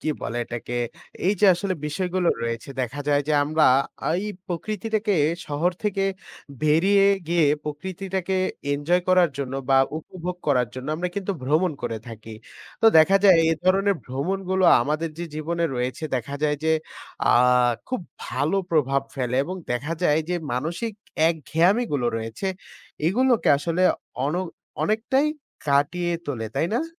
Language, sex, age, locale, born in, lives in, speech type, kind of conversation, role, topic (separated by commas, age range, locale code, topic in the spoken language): Bengali, male, 20-24, bn-BD, Bangladesh, Bangladesh, conversation, unstructured, none, প্রাকৃতিক দৃশ্য দেখতে আপনি কোন জায়গায় যেতে সবচেয়ে বেশি পছন্দ করেন?